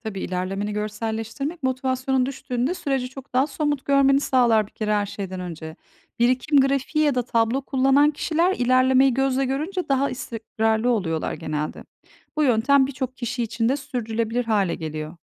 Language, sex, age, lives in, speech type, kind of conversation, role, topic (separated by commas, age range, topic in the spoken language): Turkish, female, 40-44, Netherlands, read, expert-assistant, expert, Kişisel finansımı daha iyi yönetmek için hangi alışkanlıkları geliştirmeliyim?